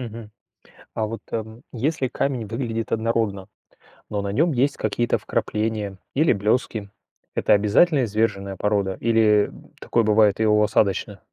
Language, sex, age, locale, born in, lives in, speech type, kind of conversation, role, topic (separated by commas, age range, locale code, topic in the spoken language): Russian, male, 45-49, ru-RU, Russia, Germany, read, expert-assistant, asker, Как научиться отличать разные виды камней во время прогулки?